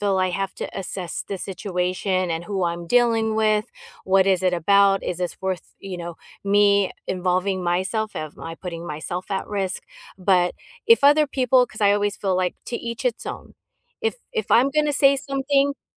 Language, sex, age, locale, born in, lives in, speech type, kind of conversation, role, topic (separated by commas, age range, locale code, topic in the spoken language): English, female, 50-54, en-US, United States, United States, conversation, unstructured, none, How do you react when you see someone taking advantage of others?